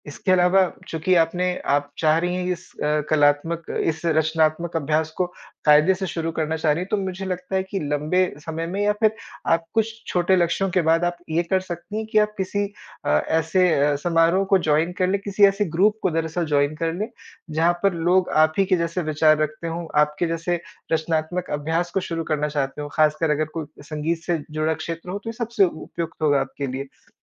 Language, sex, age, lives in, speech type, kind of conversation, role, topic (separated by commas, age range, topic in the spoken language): Hindi, male, 25-29, India, conversation, advice, advisor, मैं रोज़ाना रचनात्मक अभ्यास शुरू करने में आलस और अनिर्णय पर कैसे काबू पाऊँ?